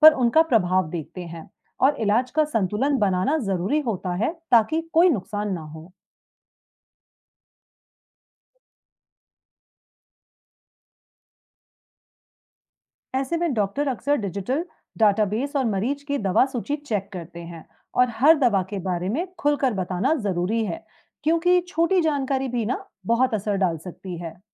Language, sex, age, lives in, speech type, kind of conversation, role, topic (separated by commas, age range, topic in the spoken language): Hindi, female, 35-39, India, read, expert-assistant, expert, डॉक्टर लोग मरीज की देखभाल के लिए कौन-सा तरीका अपनाते हैं, जिससे इलाज में सबसे अच्छे नतीजे मिलें?